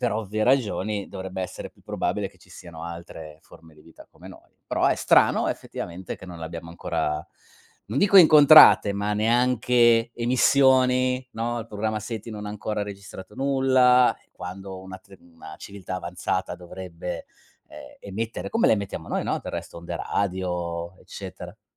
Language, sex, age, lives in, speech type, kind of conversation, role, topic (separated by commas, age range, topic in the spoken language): Italian, male, 40-44, Germany, conversation, unstructured, none, Che cosa ti affascina di più delle scoperte spaziali?